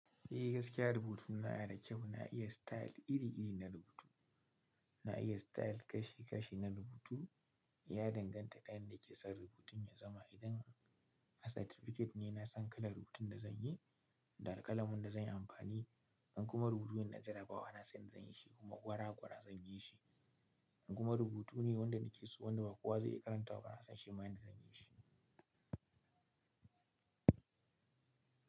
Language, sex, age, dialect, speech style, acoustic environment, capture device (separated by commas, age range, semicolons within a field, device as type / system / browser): Hausa, male, 25-34, Nigeria - Kano, happy, silence, mobile / Linux / Chrome